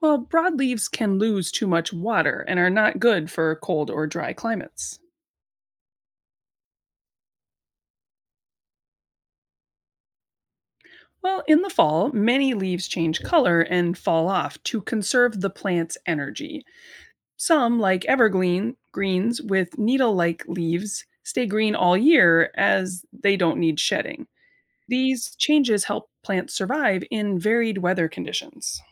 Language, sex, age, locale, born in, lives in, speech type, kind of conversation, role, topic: English, female, 35-39, en-US, United States, United States, read, expert-assistant, expert, Can you describe the different types of leaves?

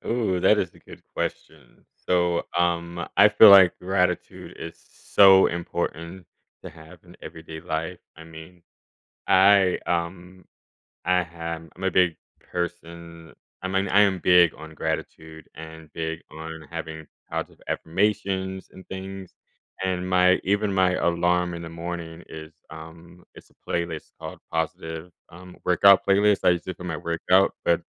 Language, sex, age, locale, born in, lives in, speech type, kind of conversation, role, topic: English, male, 30-34, en-US, Germany, United States, conversation, podcast, guest, How can practicing gratitude shape our outlook and relationships?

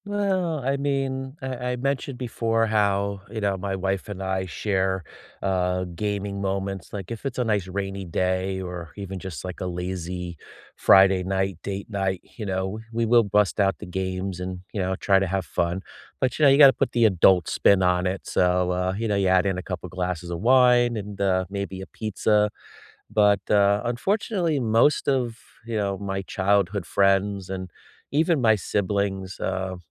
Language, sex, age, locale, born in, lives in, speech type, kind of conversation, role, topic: English, male, 50-54, en-US, United States, United States, conversation, unstructured, none, What childhood game or pastime do you still secretly love?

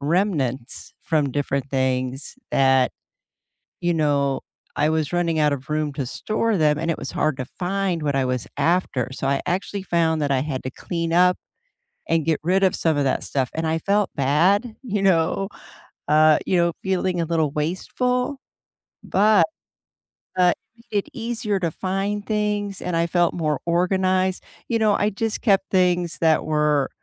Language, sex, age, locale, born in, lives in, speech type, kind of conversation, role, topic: English, female, 55-59, en-US, United States, United States, conversation, unstructured, none, Does owning fewer possessions reduce stress or limit convenience?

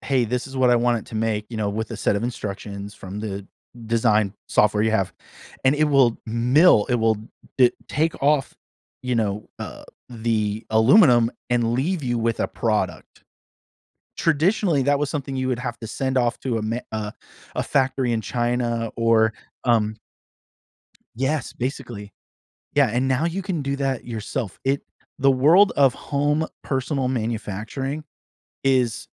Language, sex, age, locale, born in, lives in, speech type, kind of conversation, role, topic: English, male, 40-44, en-US, United States, United States, conversation, podcast, guest, In what ways can sharing a hobby help build new friendships or communities?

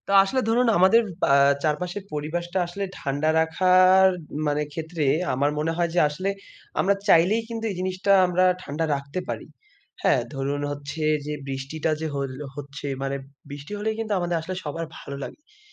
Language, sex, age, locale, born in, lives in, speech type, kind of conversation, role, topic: Bengali, male, 20-24, bn-BD, Bangladesh, Bangladesh, conversation, unstructured, none, পরিবেশ রক্ষা করার সবচেয়ে সহজ উপায় কী হতে পারে?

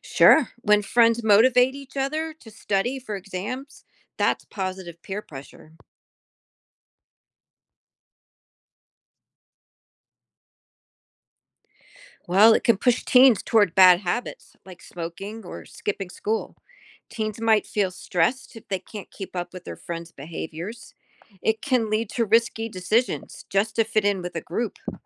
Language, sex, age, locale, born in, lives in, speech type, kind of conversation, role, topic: English, female, 55-59, en-US, Germany, United States, read, expert-assistant, expert, How does peer pressure influence teenagers?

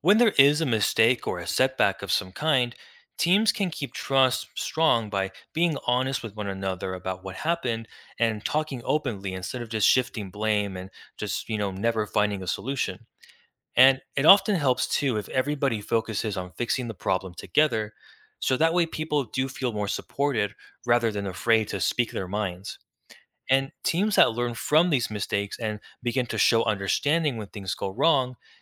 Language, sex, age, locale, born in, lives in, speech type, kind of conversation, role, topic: English, male, 20-24, en-US, United States, United States, read, expert-assistant, expert, How does teamwork help people accomplish difficult tasks?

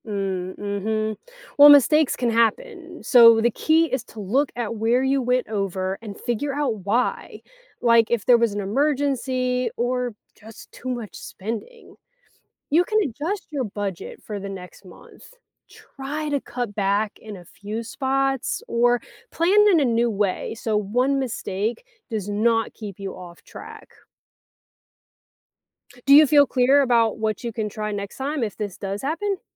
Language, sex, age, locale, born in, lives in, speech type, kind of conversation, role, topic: English, female, 35-39, en-US, United States, United States, read, expert-assistant, expert, Why should people learn how to manage their money?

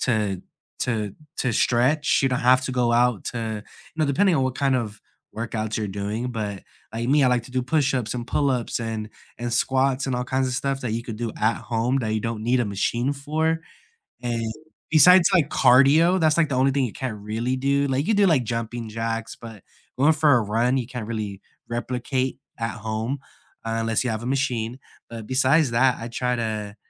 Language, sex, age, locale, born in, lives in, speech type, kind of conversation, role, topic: English, male, 25-29, en-US, United States, United States, conversation, unstructured, none, What are you working toward in your personal life this year, and what kind of support helps you most?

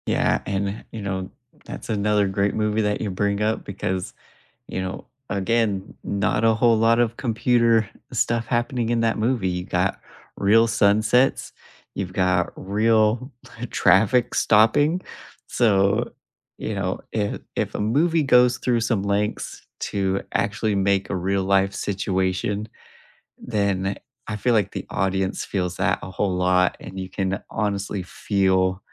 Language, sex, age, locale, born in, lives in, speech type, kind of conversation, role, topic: English, male, 35-39, en-US, United States, United States, conversation, unstructured, none, Do you think movies today rely too much on special effects?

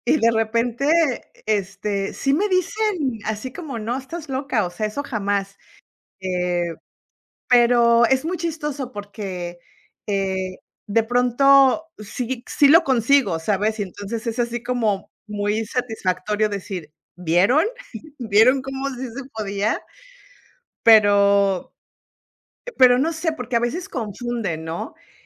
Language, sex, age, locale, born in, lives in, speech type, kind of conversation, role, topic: Spanish, female, 50-54, es-MX, Mexico, United States, conversation, unstructured, none, ¿Qué harías si alguien te dijera que tu meta es imposible?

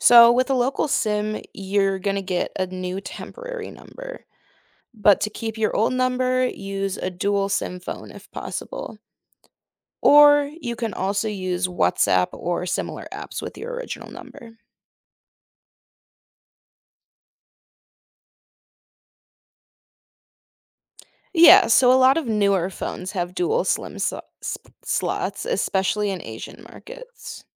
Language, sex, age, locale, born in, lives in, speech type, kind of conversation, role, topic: English, female, 20-24, en-US, United States, United States, read, expert-assistant, expert, How do I get local sim cards when traveling internationally?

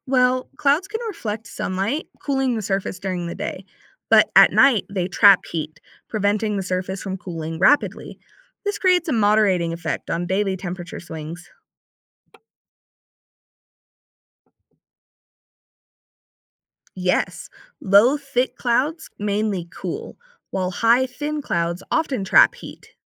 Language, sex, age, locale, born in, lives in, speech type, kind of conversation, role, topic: English, female, 20-24, en-US, United States, United States, read, expert-assistant, expert, How do clouds stay in the sky?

